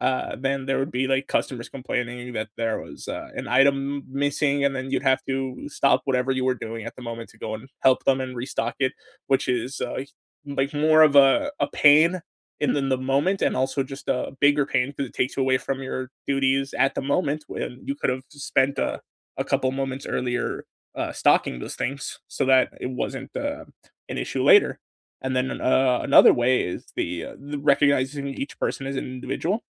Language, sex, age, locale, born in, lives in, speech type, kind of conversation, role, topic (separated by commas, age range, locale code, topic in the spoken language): English, male, 20-24, en-US, United States, United States, conversation, unstructured, none, What small, practical life tip do you wish you’d learned sooner, and how has it helped?